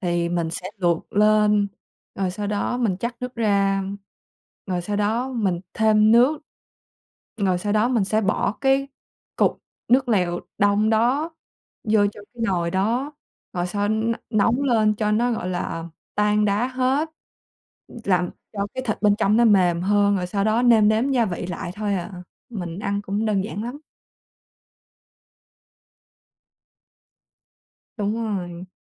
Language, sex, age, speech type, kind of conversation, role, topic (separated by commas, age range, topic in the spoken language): Vietnamese, female, 20-24, conversation, podcast, guest, Bạn thường chuẩn bị bữa tối nhanh như thế nào vào những ngày bận rộn?